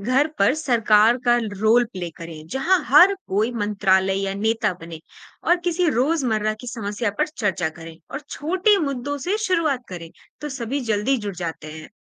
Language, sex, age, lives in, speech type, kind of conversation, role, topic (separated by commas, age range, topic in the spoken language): Hindi, female, 25-29, India, read, expert-assistant, expert, अगर मैं अपने परिवार या दोस्तों के साथ शासन प्रणालियों पर चर्चा करना चाहूँ, तो कौन से आसान और दिलचस्प स्रोत देख सकता हूँ?